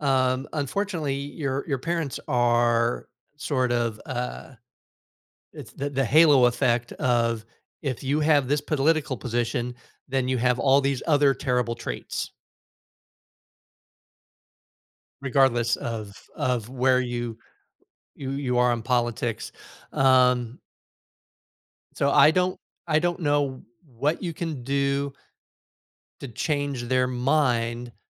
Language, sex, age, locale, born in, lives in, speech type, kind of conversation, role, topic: English, male, 55-59, en-US, United States, United States, conversation, advice, advisor, How can I cope with feeling overwhelmed by ongoing family drama?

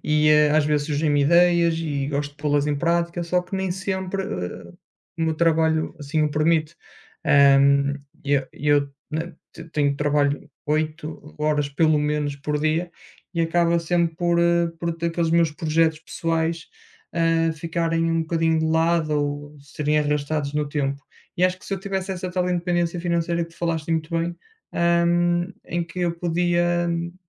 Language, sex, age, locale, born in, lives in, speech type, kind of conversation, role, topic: Portuguese, male, 30-34, pt-PT, Portugal, Portugal, conversation, unstructured, none, Como você imagina sua vida ideal no futuro?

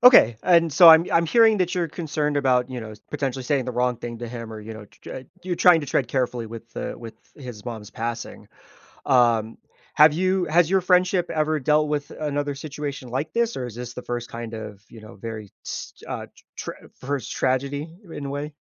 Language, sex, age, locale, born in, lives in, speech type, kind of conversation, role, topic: English, male, 30-34, en-US, United States, United States, conversation, advice, advisor, How can I help a grieving friend?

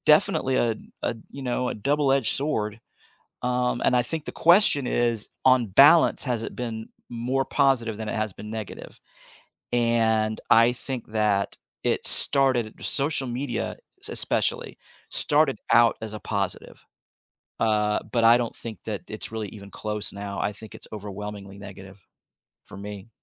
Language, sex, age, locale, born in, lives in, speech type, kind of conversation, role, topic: English, male, 55-59, en-US, United States, United States, conversation, podcast, guest, How has technology changed our daily communication?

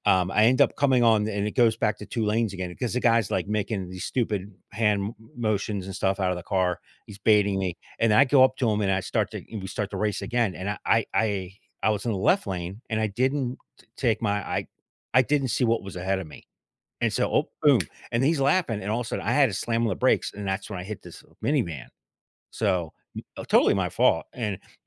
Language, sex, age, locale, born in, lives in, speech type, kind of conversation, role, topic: English, male, 50-54, en-US, United States, United States, conversation, podcast, host, How do we build and maintain deep, lasting friendships in our lives?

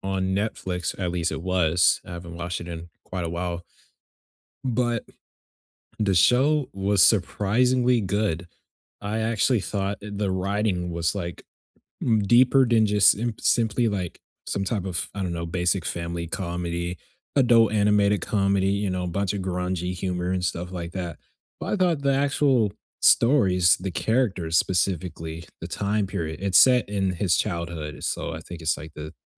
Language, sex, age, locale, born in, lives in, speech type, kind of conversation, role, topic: English, male, 20-24, en-US, United States, United States, conversation, unstructured, none, What under-the-radar TV series do you love recommending to everyone, and what makes it special to you?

